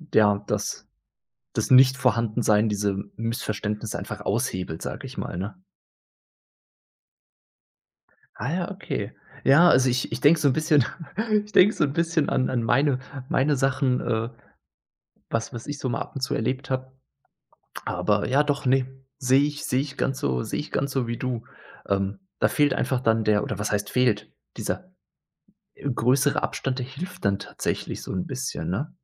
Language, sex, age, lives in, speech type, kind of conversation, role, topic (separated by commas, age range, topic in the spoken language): German, male, 30-34, Germany, conversation, podcast, host, Was machst du, wenn deine Nachrichten oft missverstanden werden?